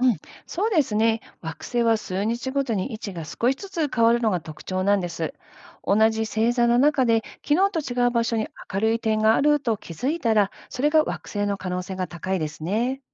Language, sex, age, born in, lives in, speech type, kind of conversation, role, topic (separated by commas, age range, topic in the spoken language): Japanese, female, 50-54, Japan, United States, read, expert-assistant, expert, 惑星と星の見分け方は何ですか？